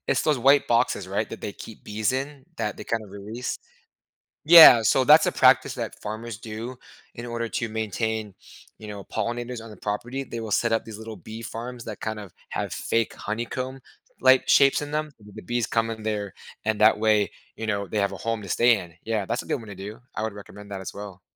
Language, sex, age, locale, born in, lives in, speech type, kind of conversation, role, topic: English, male, 30-34, en-US, United States, United States, conversation, podcast, guest, How do bees help sustain our environment and food supply?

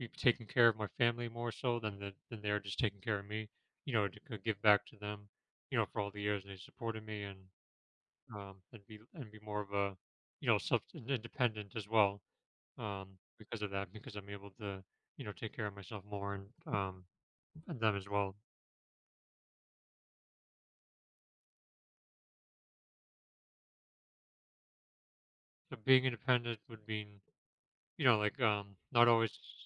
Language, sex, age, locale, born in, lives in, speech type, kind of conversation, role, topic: English, male, 35-39, en-US, United States, United States, conversation, podcast, guest, How do you see your goals and priorities changing over the next decade?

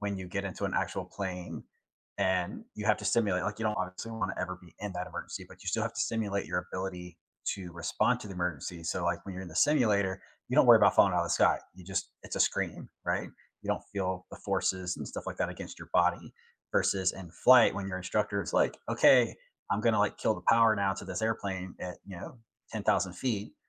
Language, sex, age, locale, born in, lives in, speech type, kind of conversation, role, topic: English, male, 40-44, en-US, United States, United States, conversation, unstructured, none, How do you learn best, and who taught you the lessons that shaped you?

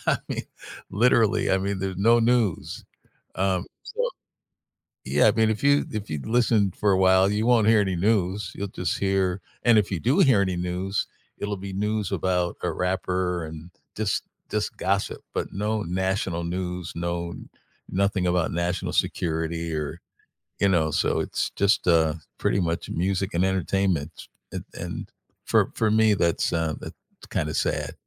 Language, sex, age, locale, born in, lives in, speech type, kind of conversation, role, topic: English, male, 65-69, en-US, United States, United States, conversation, unstructured, none, What recent news story caught your attention?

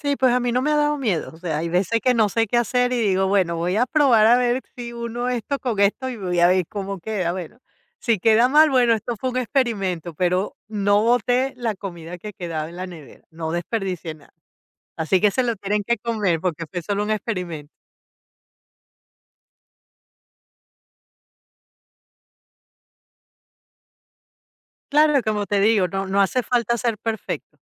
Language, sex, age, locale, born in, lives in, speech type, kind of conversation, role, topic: Spanish, female, 55-59, es-VE, Venezuela, United States, conversation, podcast, guest, ¿Qué haces para mantener la nevera organizada y evitar el desperdicio de alimentos?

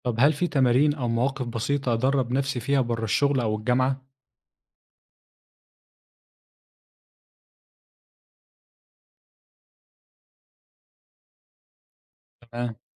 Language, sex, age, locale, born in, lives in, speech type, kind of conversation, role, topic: Arabic, male, 25-29, ar-EG, Egypt, Egypt, read, expert-assistant, asker, إزاي الواحد ممكن يطور ذكاؤه الاجتماعي و يبقى عنده قدرة أكبر يفهم مشاعر و احتياجات اللي حواليه؟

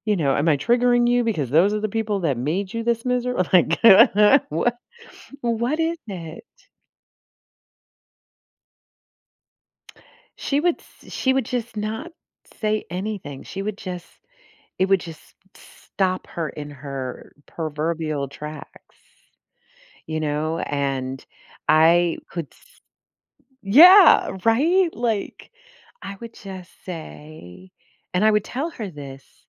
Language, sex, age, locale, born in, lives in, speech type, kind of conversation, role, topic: English, female, 55-59, en-US, United States, United States, conversation, advice, user, How can I prioritize responsibilities?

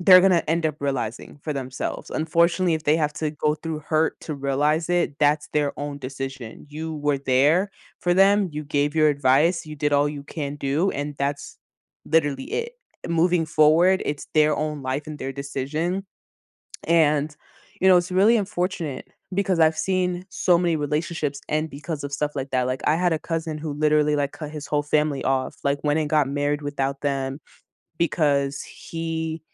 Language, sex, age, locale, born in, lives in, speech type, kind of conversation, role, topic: English, female, 20-24, en-US, United States, United States, conversation, podcast, guest, What are some effective ways families can resolve conflicts and strengthen their relationships?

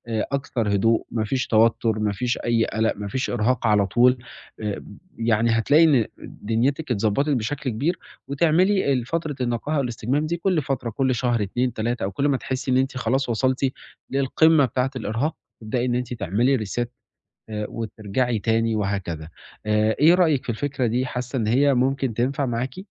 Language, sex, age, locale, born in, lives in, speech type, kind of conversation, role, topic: Arabic, male, 20-24, ar-EG, Egypt, Italy, conversation, advice, advisor, إيه اللي مخلّيك حاسس بإرهاق مستمر ومش قادر تسترخي؟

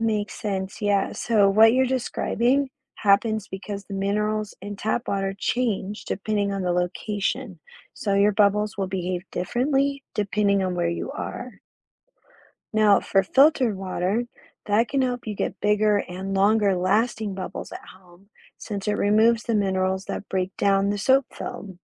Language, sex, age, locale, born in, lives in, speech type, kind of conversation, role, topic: English, female, 35-39, en-US, United States, United States, read, expert-assistant, expert, Why do bubbles form when soap is mixed with water?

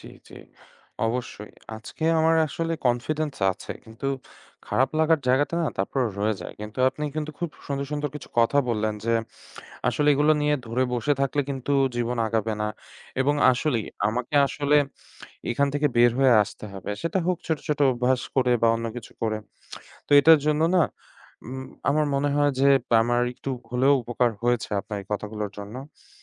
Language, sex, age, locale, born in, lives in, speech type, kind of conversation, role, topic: Bengali, male, 25-29, bn-BD, Bangladesh, Bangladesh, conversation, advice, user, কাছের কারো তীব্র সমালোচনার পর কি আপনার সম্পর্কের মধ্যে দূরত্ব তৈরি হয়েছে বলে মনে হচ্ছে?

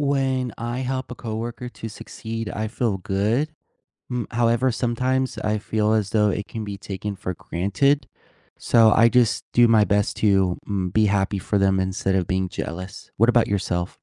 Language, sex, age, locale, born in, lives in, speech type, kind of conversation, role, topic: English, male, 20-24, en-US, United States, United States, conversation, unstructured, none, How do you feel when you help a coworker succeed?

